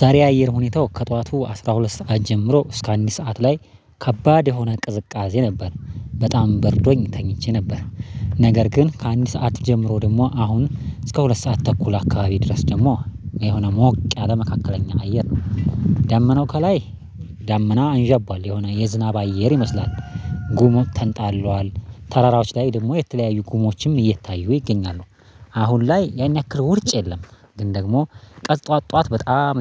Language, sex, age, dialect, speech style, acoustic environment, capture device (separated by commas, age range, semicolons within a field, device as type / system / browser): Amharic, male, 25-34, Ethiopia - Addis Ababa, happy, nature, mobile / Linux / Chrome